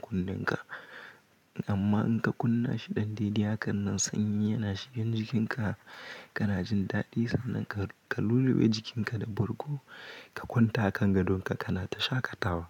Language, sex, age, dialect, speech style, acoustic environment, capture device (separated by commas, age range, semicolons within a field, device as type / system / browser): Hausa, male, 18-24, Nigeria - Kano, anxious; happy, silence; nature, mobile / Linux / Chrome